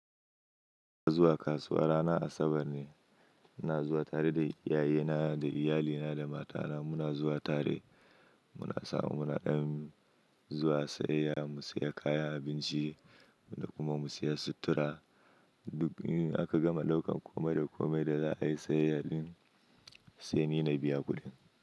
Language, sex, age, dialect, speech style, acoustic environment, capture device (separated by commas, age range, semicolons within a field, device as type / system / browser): Hausa, male, 25-34, Nigeria - Kano, happy; anxious, nature; silence, mobile / Linux / Chrome